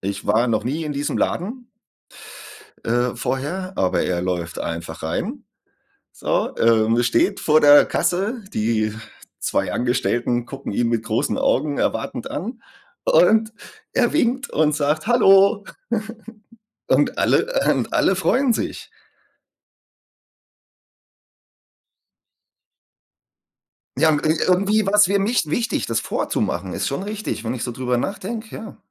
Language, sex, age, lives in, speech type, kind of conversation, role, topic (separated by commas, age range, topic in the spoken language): German, male, 40-44, Germany, conversation, unstructured, none, Welche Rolle spielt Freundlichkeit im Alltag?